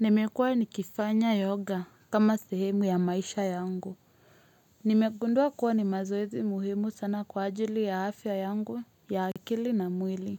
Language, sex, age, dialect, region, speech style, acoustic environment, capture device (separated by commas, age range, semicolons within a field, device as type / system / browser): Swahili, female, 25-34, Kenya - Nairobi Sheng-influenced, Kenya, focused, silence, mobile / Linux / Chrome